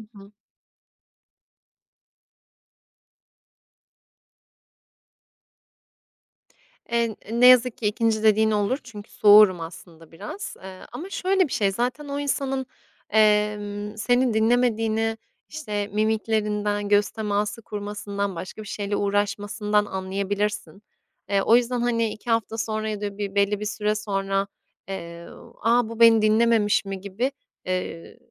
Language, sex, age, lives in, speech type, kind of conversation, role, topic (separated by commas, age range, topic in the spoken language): Turkish, female, 25-29, Italy, conversation, podcast, guest, Dinlemek güveni nasıl artırır?